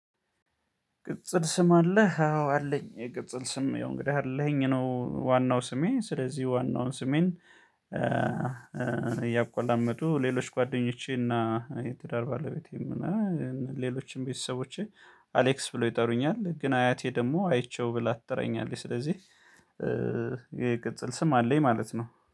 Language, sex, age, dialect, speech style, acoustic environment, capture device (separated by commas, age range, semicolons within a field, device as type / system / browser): Amharic, male, 35-44, Ethiopia - Gondar, focused; happy, silence, mobile / Linux / Chrome